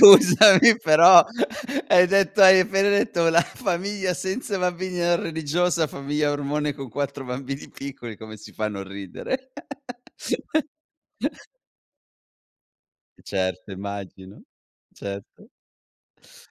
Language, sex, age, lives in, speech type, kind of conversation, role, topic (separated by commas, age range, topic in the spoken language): Italian, male, 40-44, Italy, conversation, podcast, host, Qual è un’esperienza scolastica che ti ha cambiato la vita?